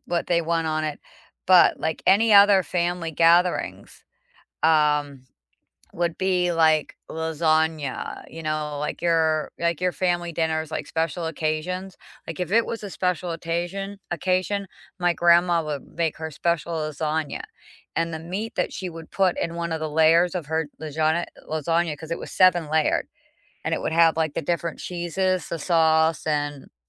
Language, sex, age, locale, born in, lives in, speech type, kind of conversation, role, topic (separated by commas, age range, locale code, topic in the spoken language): English, female, 45-49, en-US, United States, United States, conversation, unstructured, none, What simple, meaningful ways are you staying connected to your cultural roots and identity these days?